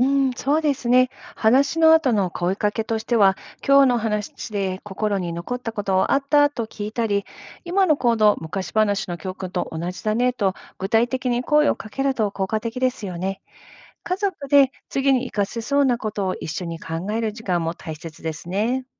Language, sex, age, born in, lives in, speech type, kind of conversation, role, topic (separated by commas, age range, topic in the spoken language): Japanese, female, 50-54, Japan, United States, read, expert-assistant, expert, 神話や聖書のストーリーから、日常生活に役立つヒントをどんなふうに見つけられると思いますか？